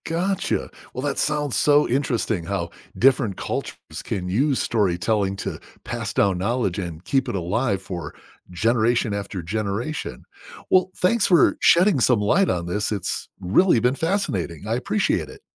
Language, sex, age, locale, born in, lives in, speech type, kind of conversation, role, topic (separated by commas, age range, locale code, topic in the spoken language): English, male, 50-54, en-US, United States, United States, read, expert-assistant, asker, How do cultures use storytelling to transmit knowledge?